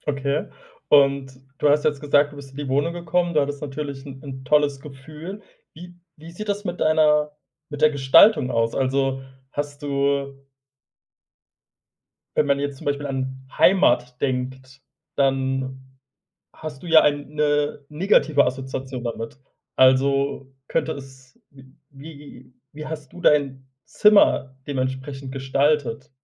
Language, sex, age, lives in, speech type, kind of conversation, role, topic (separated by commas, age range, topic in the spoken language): German, male, 25-29, Germany, conversation, podcast, host, Was ist deine stolzeste Erinnerung?